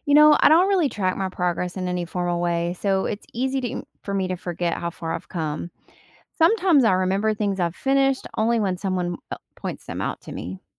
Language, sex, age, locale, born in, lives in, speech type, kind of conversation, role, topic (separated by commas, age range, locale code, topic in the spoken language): English, female, 40-44, en-US, United States, United States, read, expert-assistant, asker, How can you stay motivated during slow progress?